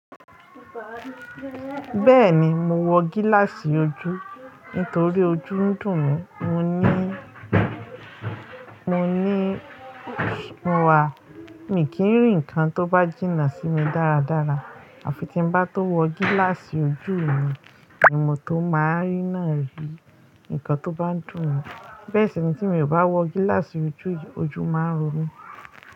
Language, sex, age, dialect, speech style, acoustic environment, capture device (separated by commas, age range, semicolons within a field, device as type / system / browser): Yoruba, female, 35-44, Nigeria - Lagos Yoruba, happy, nature, mobile / Linux / Chrome